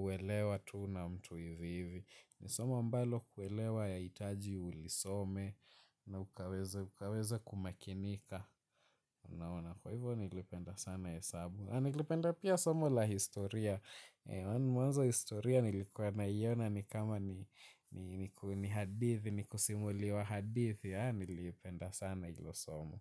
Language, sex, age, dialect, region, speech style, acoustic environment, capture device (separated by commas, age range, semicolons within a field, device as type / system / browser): Swahili, male, 18-24, Kenya - Mombasa, Kenya, focused, silence, mobile / Linux / Chrome